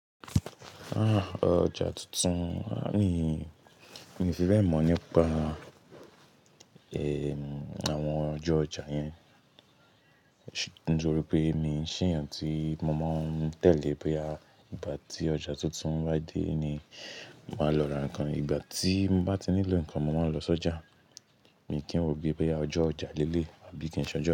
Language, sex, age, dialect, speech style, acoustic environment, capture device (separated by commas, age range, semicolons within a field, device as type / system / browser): Yoruba, male, 18-24, Nigeria - Lagos Yoruba, focused, nature, mobile / Linux / Chrome